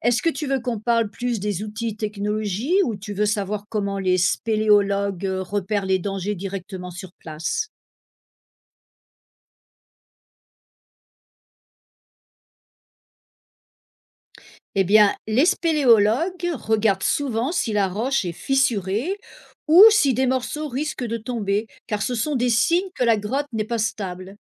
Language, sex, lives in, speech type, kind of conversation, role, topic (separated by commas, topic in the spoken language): French, female, United States, read, expert-assistant, expert, Qu'est-ce qui cause la formation des grottes ?